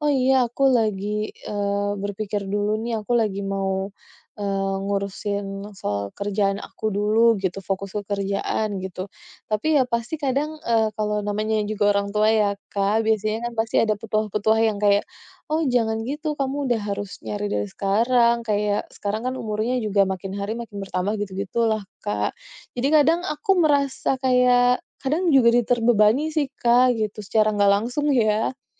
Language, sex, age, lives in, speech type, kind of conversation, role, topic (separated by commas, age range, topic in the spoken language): Indonesian, female, 30-34, Indonesia, conversation, advice, user, Bagaimana cara menetapkan batasan yang sehat dengan keluarga saya?